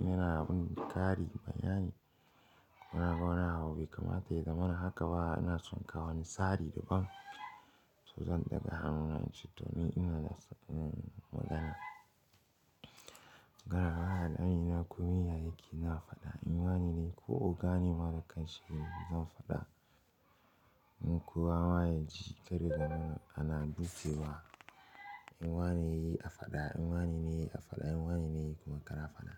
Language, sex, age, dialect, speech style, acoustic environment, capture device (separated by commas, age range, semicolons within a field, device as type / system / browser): Hausa, male, 18-24, Nigeria - Pidgin-influenced, focused, silence, mobile / Linux / Chrome